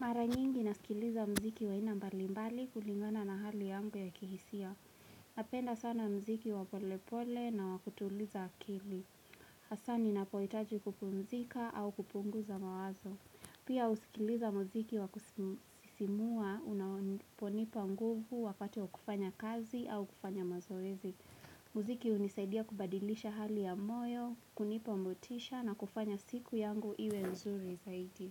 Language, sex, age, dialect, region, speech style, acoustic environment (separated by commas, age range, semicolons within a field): Swahili, female, 18-24, Kenya - Nairobi Sheng-influenced, Kenya, relaxed, silence; nature